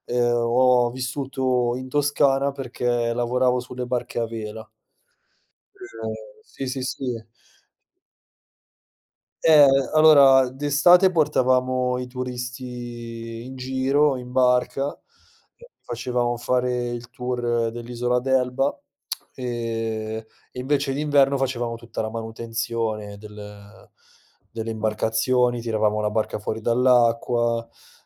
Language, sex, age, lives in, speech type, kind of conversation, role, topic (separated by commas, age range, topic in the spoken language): Italian, male, 30-34, Italy, conversation, podcast, guest, Puoi raccontarmi di una sfida che ti ha cambiato la vita?